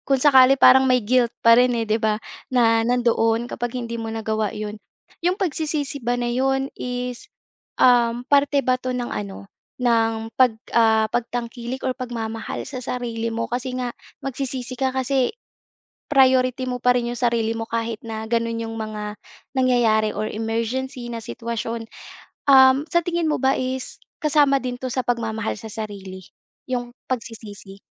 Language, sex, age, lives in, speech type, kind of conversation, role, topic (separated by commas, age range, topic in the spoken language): Filipino, female, 25-29, Philippines, conversation, podcast, host, Ano ang unang priyoridad mo kapag kailangan mong magpasya sa isang mahirap na desisyon?